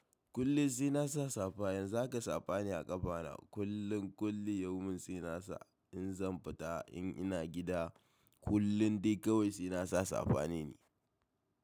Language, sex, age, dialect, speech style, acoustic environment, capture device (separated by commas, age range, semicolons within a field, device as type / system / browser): Hausa, male, 18-24, Nigeria - Kano, happy; stressed, silence, mobile / macOS / Safari